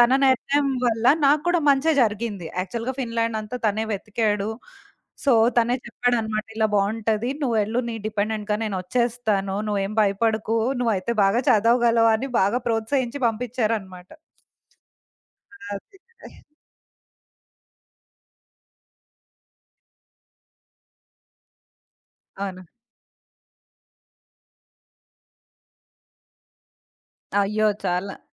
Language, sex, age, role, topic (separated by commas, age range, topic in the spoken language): Telugu, female, 30-34, guest, మీరు తీసుకున్న నిర్ణయాన్ని మీ కుటుంబ సభ్యులు ఎలా స్వీకరించారు?